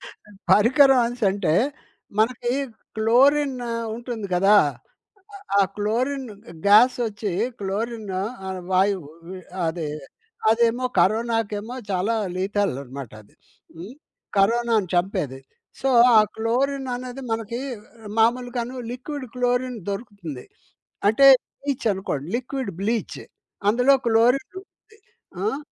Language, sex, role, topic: Telugu, male, guest, నడుస్తూ ఉండగా మీ ఆలోచనలు ఎలా మారతాయి?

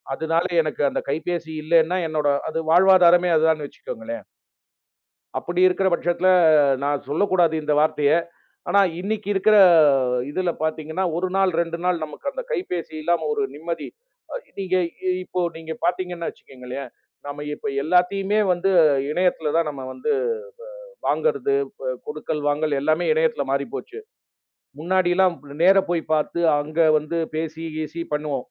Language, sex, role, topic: Tamil, male, guest, செய்திகளை விட்டு விலகி இயற்கையில் ஒரு நாள் கழித்த அனுபவத்தைப் பற்றி கூற முடியுமா?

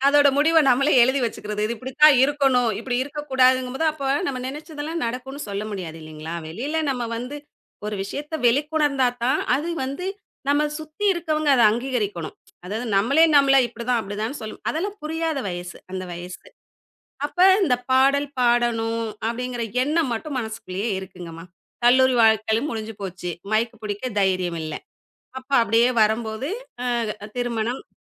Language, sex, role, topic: Tamil, female, guest, பல பேருடன் சேர்ந்து நீங்கள் பாடிய அல்லது இசைத்த அனுபவத்தைப் பற்றி சொல்ல முடியுமா?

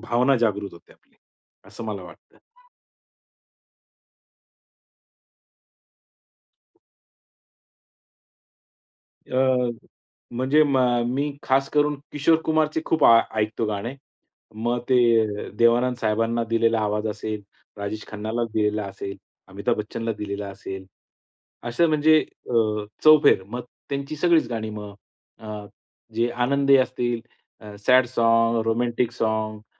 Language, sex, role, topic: Marathi, male, guest, जुन्या गाण्यांबद्दल तुला काय भावना येतात?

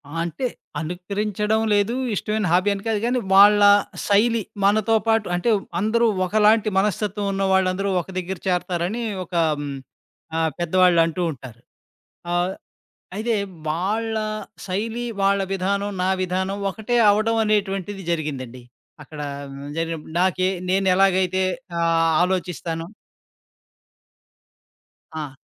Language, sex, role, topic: Telugu, male, guest, మీరు మీ శైలిని మార్చుకున్నప్పుడు మీ స్నేహితులు, కుటుంబసభ్యులు ఎలా స్పందించారో చెప్పగలరా?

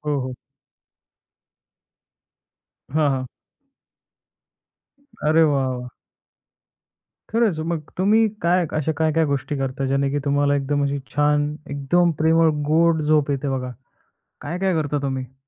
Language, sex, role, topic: Marathi, male, host, चांगली झोप तणाव कमी करण्यास कशी मदत करते, असं तुम्हाला वाटतं?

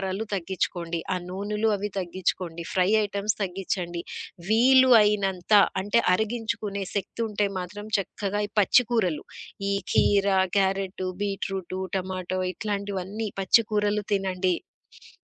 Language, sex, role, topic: Telugu, female, guest, ఒత్తిడిని తగ్గించుకోవడానికి మీరు ఏ సాధనాలను ఉపయోగిస్తారు?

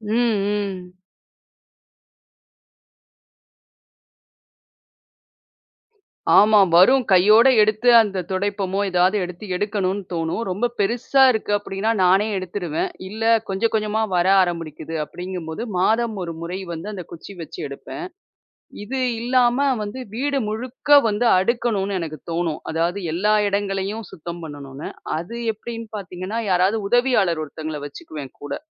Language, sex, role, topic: Tamil, female, guest, வீட்டில் சிறிதளவு அழுக்கு ஏற்பட்டால் உங்களுக்கு மனஅழுத்தம் வருகிறதா, அதை நீங்கள் எப்படி கையாளுகிறீர்கள்?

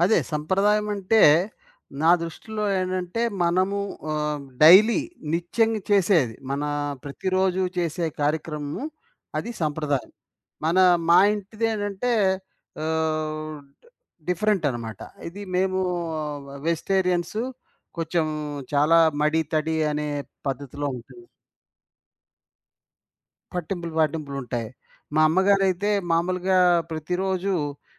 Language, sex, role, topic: Telugu, male, guest, మీ కుటుంబంలో మీకు అత్యంత ఇష్టమైన సంప్రదాయం ఏది, దాన్ని వివరించగలరా?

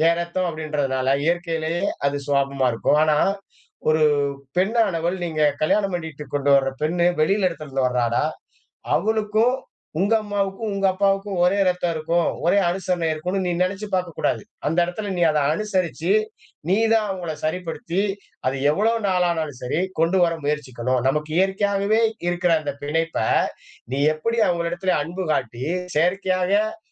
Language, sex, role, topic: Tamil, male, guest, மீட்டிங் அல்லது குடும்பக் கலந்துரையாடலில் நல்ல கேட்பவர் ஆவதற்கான வெற்றிப்பாதை என்ன?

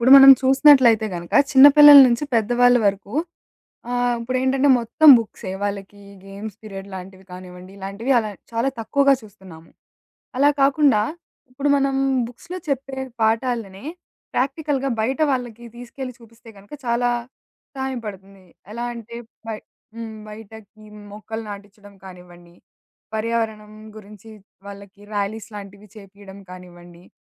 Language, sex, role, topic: Telugu, female, guest, పిల్లలకు పర్యావరణ విద్యను ఎలా నేర్పించాలి?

